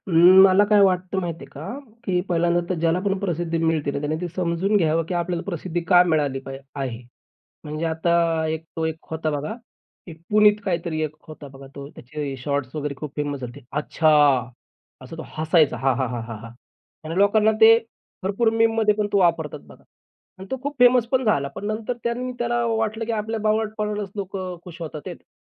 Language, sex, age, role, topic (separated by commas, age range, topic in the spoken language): Marathi, male, 35-39, guest, सोशल मीडियावरची प्रसिद्धी लोकांच्या वर्तनावर कसा परिणाम करते, असं तुम्हाला वाटतं?